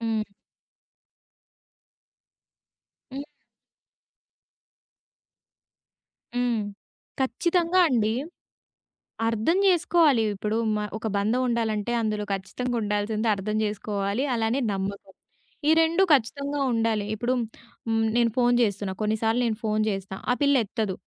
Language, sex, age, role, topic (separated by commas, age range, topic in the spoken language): Telugu, female, 20-24, guest, పరిచయాల్లో నుంచి దూరమవుతున్న వ్యక్తితో మీరు ఎలా మళ్లీ సంబంధం పునరుద్ధరించుకుంటారు?